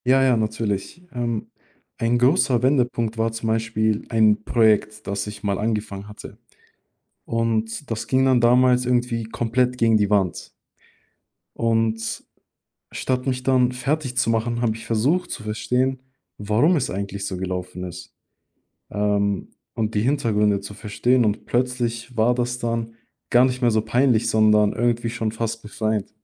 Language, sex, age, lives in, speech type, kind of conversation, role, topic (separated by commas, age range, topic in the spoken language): German, male, 20-24, Germany, conversation, podcast, guest, Was hat deine Einstellung zum Scheitern verändert?